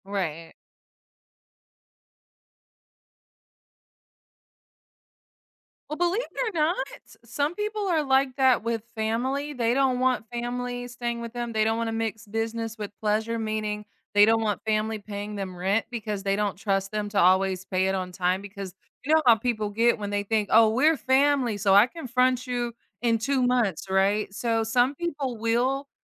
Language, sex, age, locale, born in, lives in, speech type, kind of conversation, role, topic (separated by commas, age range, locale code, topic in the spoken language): English, female, 30-34, en-US, United States, United States, conversation, advice, advisor, How can I cope with missing a loved one during the holidays?